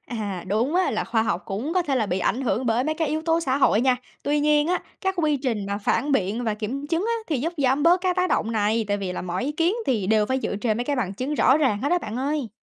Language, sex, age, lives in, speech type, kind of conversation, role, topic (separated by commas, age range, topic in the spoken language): Vietnamese, female, 20-24, United States, read, expert-assistant, expert, Hóa thạch có thực sự cho chúng ta bức tranh đầy đủ về các loài sinh vật cổ đại không? Nếu không, các nhà khoa học làm thế nào để lấp đầy những khoảng trống đó?